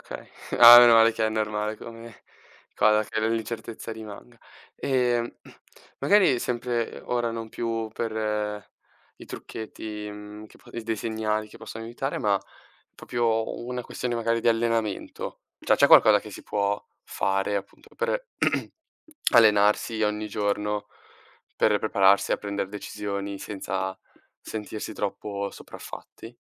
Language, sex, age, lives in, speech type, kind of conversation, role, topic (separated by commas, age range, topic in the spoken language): Italian, male, 18-19, Italy, read, expert-assistant, asker, Come si può imparare a prendere decisioni difficili?